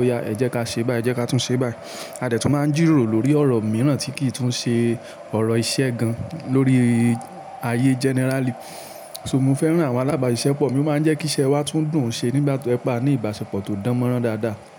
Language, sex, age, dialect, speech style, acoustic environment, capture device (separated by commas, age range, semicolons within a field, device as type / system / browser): Yoruba, male, 25-34, Nigeria - Ibadan, relaxed, appliances; people talking; music, mobile / Linux / Chrome